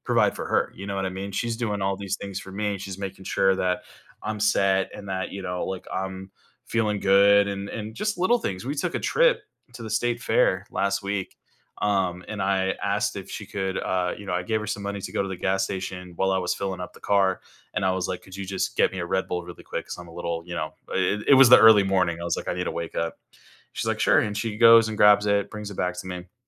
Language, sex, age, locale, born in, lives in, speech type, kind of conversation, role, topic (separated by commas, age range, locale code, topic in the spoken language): English, male, 30-34, en-US, United States, United States, conversation, advice, user, How can I deepen my meaningful relationships and build stronger emotional connections?